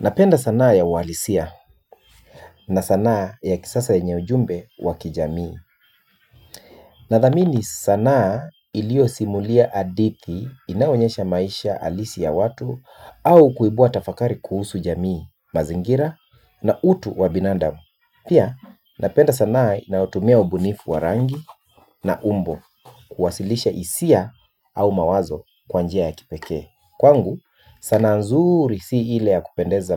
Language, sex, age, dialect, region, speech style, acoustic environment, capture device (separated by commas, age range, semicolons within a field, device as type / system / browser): Swahili, male, 35-44, Kenya - Nairobi Sheng-influenced, Kenya, relaxed, music; nature, mobile / Linux / Chrome